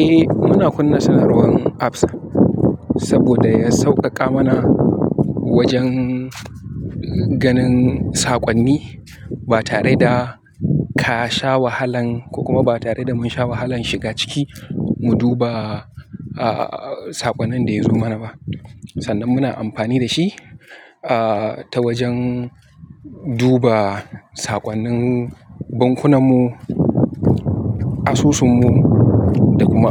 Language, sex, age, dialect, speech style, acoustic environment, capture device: Hausa, male, 18-24, Nigeria - Kano, happy, nature, mobile / Linux / Chrome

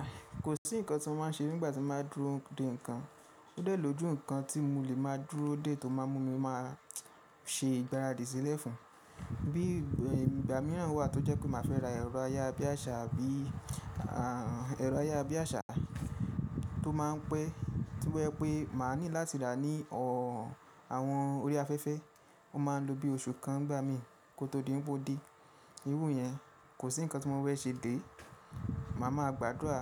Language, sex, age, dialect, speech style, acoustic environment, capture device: Yoruba, male, 25-34, Nigeria - Ibadan, happy; focused, silence; nature, mobile / Linux / Chrome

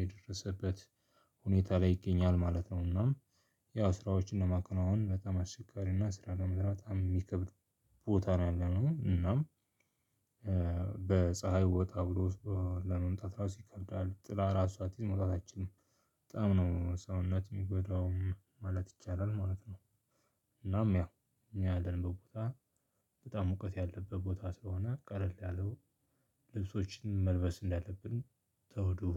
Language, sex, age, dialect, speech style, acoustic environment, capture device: Amharic, male, 25-34, Ethiopia - Addis Ababa, focused, nature, mobile / Linux / Chrome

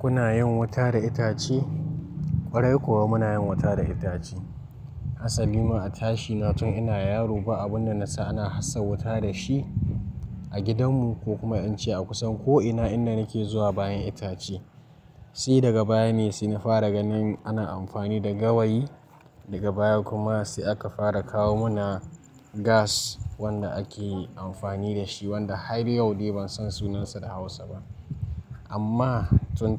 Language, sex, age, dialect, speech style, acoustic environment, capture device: Hausa, male, 18-24, Nigeria - Nigerian Standard, relaxed; focused, nature, mobile / Linux / Chrome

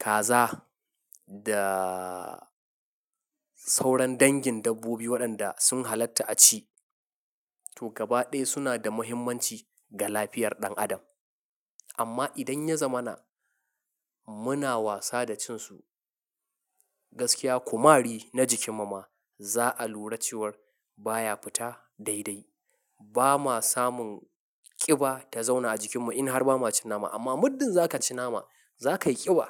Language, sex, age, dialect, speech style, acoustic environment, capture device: Hausa, male, 25-34, Nigeria - Nigerian Standard, happy, people talking, mobile / Linux / Chrome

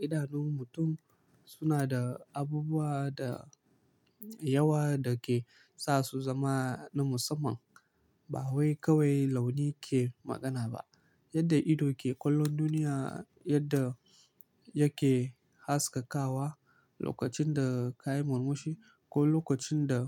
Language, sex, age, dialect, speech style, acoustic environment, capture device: Hausa, male, 18-24, Nigeria - Kano, relaxed, silence, mobile / Linux / Chrome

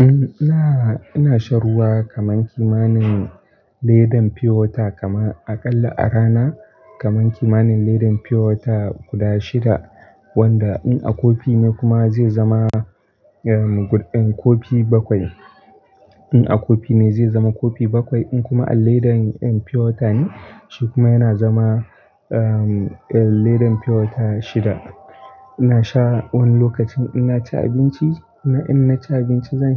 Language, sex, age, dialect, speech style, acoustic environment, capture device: Hausa, male, 18-24, Nigeria - Kano, relaxed; happy; focused; excited, nature, desktop / Linux / Chrome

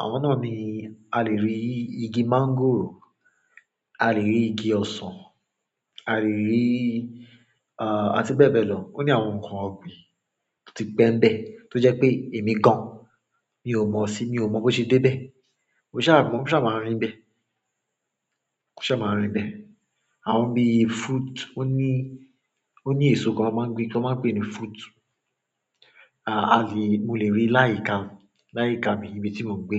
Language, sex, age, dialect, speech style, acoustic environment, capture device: Yoruba, male, 25-34, Nigeria - Lagos Yoruba, happy, silence, mobile / Android / Chrome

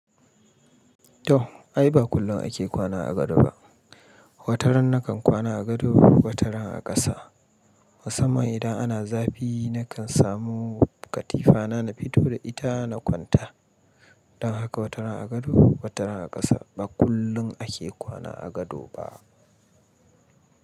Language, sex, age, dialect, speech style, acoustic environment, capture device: Hausa, male, 35-44, Nigeria - Kano, happy, people talking, mobile / Linux / Chrome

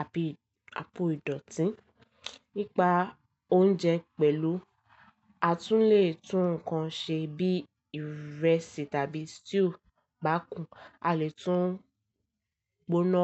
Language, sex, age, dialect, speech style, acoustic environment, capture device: Yoruba, female, 18-24, Nigeria - Lagos Yoruba, happy, silence, mobile / Linux / Chrome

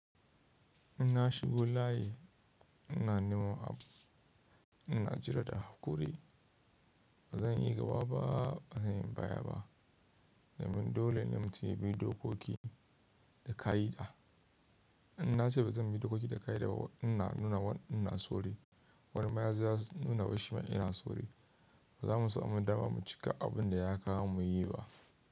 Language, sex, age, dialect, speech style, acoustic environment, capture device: Hausa, male, 25-34, Nigeria - Kano, relaxed, nature, mobile / Linux / Chrome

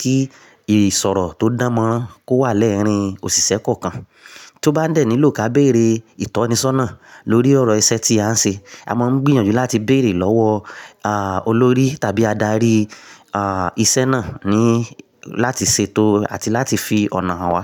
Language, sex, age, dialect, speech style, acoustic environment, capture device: Yoruba, male, 35-44, Nigeria - Nigerian Standard, relaxed; excited, silence, mobile / Linux / Chrome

